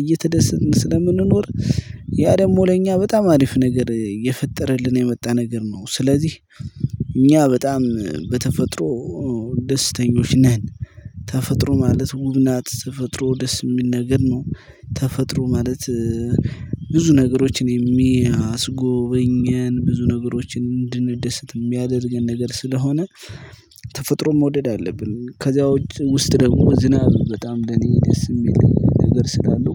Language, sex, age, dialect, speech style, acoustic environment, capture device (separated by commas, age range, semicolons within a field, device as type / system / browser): Amharic, male, 25-34, Ethiopia - Gojjam, happy; relaxed, nature; silence, mobile / Linux / Chrome